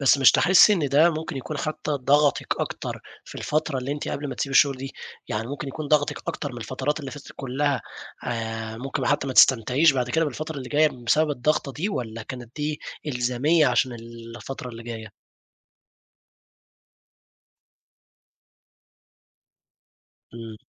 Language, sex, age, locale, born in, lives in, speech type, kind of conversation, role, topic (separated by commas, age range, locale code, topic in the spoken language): Arabic, male, 20-24, ar-EG, Egypt, Egypt, conversation, podcast, host, إزاي خططت مادّيًا قبل ما تسيب شغلك القديم؟